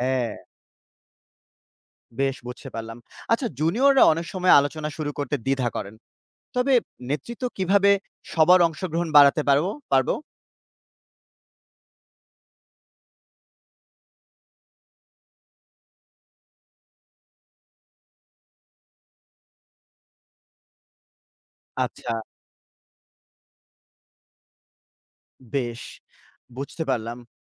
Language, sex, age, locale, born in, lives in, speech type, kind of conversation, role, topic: Bengali, male, 30-34, bn-BD, Bangladesh, Bangladesh, read, expert-assistant, asker, কর্মস্থলে ধর্মীয় মূল্যবোধের কারণে সহকর্মীদের সঙ্গে মতবিরোধ এলে, কীভাবে তা শান্তিপূর্ণভাবে মিটিয়ে ফেলা সম্ভব?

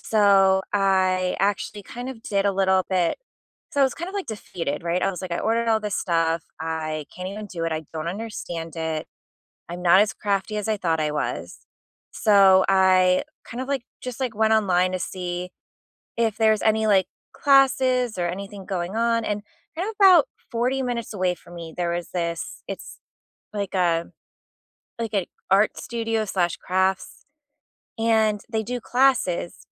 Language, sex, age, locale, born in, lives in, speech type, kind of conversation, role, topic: English, female, 35-39, en-US, United States, United States, conversation, unstructured, none, What skill are you learning just for fun these days, and what got you started?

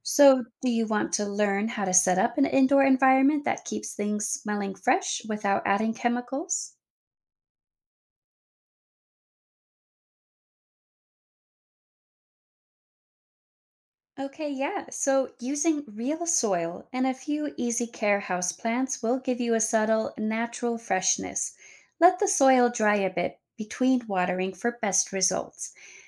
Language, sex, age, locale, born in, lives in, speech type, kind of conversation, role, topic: English, female, 30-34, en-US, United States, United States, read, expert-assistant, expert, What causes the smell of rain?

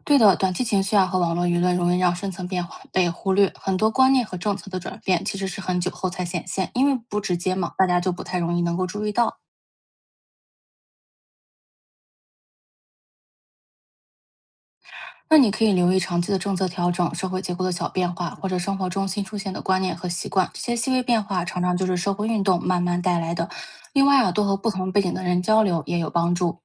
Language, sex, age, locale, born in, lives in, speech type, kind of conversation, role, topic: Chinese, female, 25-29, zh-CN, China, United States, read, expert-assistant, expert, 为什么有人认为同一个社会运动带来了正面改变，而另一些人却觉得影响不大？这两种看法是怎么来的？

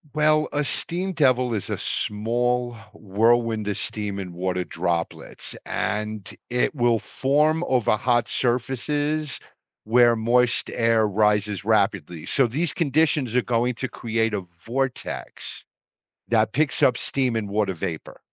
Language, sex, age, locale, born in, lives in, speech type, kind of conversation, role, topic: English, male, 55-59, en-US, United States, United States, read, expert-assistant, expert, What makes a steam devil form?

